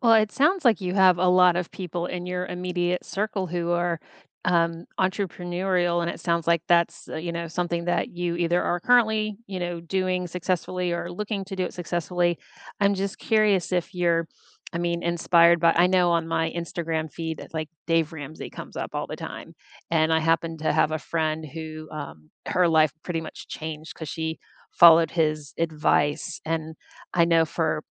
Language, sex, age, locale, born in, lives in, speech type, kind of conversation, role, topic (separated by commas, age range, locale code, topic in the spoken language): English, female, 50-54, en-US, United States, United States, conversation, unstructured, none, Who inspires you, what have you learned from them, and how do you balance their influence with forging your own path?